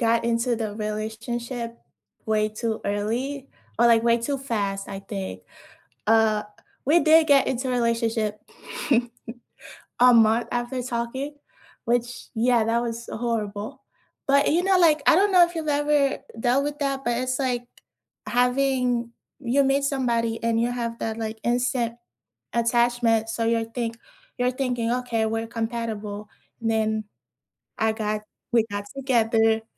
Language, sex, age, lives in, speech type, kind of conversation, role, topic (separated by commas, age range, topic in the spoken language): English, female, 20-24, United States, conversation, unstructured, none, How do you know when it’s time to break up?